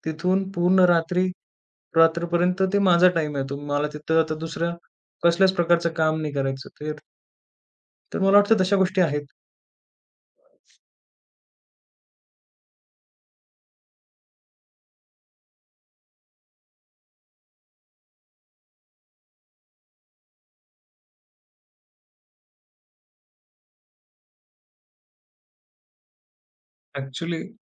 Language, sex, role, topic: Marathi, male, guest, तुमची सकाळची आरोग्यदायी दिनचर्या कशी असते, थोडक्यात सांगाल का?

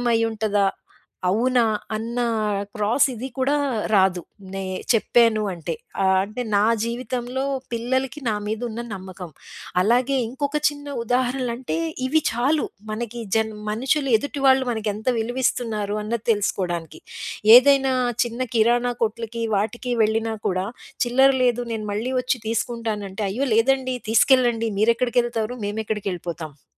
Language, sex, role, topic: Telugu, female, guest, మీరు నమ్మకాన్ని ఎలా నిర్మించుకుంటారు?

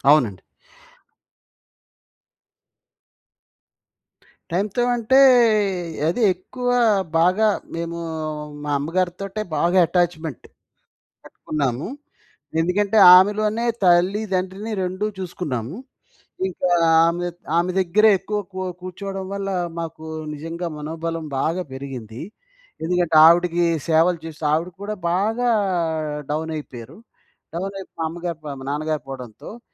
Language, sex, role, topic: Telugu, male, guest, కష్టకాలంలో మనోబలం పెంచుకునేందుకు ఏ మార్గాలు సహాయపడతాయి?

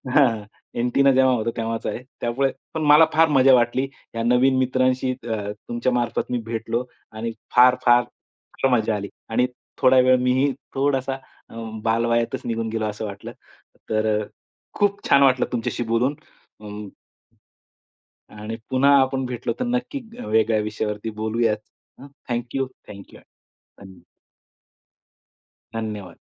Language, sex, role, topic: Marathi, male, host, तुम्हाला एखादा आवडता दूरदर्शन कार्यक्रम किंवा व्यंगचित्र आठवते का?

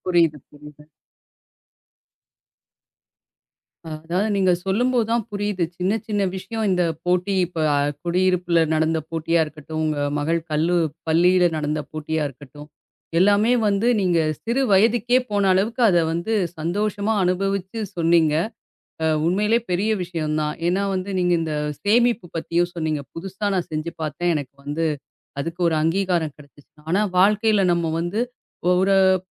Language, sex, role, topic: Tamil, female, host, சிறிய வெற்றிகள் உங்கள் மனநிலையை எப்படிச் சிறிது சிறிதாக வலுப்படுத்துகின்றன?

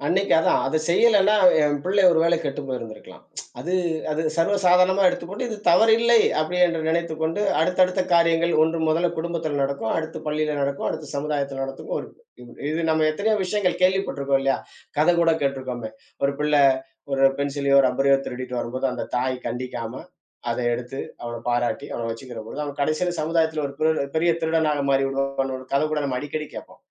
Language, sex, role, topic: Tamil, male, guest, அதே தவறு மீண்டும் நடந்தால், நீங்கள் அவருக்கு இரண்டாம் வாய்ப்பு கொடுப்பீர்களா?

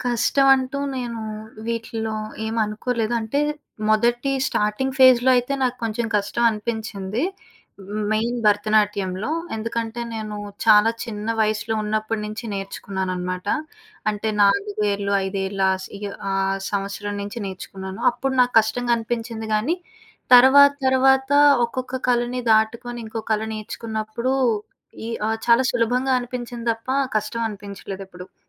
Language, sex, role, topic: Telugu, female, guest, సహకారం మీ కళను ఏ విధంగా మార్చింది?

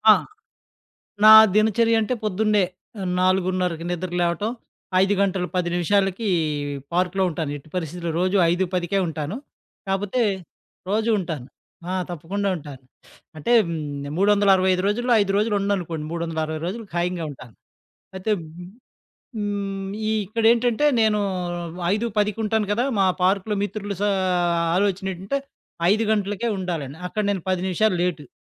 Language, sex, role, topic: Telugu, male, guest, సరళ జీవనాన్ని ప్రారంభించడానికి మీరు సూచించే మొదటి మూడు సులభమైన సూచనలు ఏమిటి?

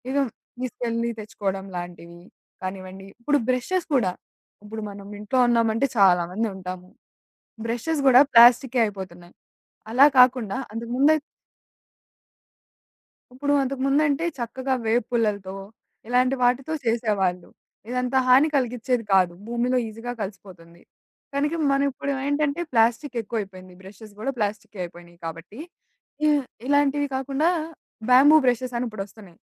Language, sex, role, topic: Telugu, female, guest, ప్లాస్టిక్ వినియోగం తగ్గించడం మీ జీవితంలో ఏ మార్పులు తీసుకొచ్చింది?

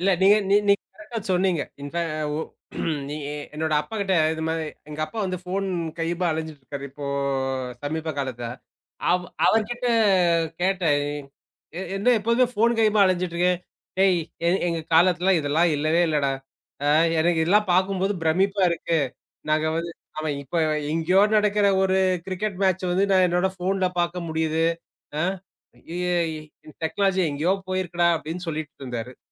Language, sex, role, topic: Tamil, male, host, டிஜிட்டல் சாதனங்களை சில காலம் விட்டு விலகுவது பற்றி நீங்கள் என்ன நினைக்கிறீர்கள்?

